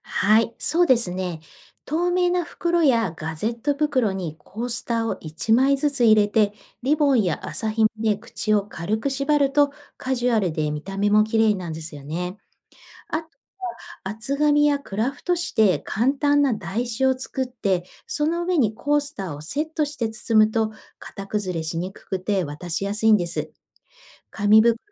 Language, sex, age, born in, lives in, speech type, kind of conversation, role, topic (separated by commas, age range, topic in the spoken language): Japanese, female, 45-49, Japan, Japan, read, expert-assistant, expert, 古いCDを使ってコースターを作る方法を教えてください